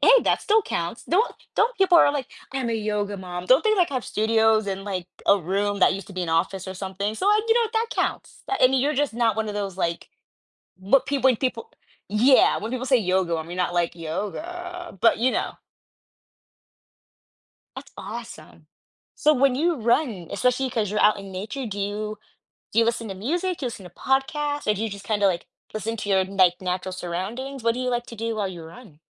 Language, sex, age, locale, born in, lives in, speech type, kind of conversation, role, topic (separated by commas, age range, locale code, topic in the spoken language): English, female, 25-29, en-US, United States, United States, conversation, podcast, host, What are effective ways to maintain healthy routines when life gets hectic?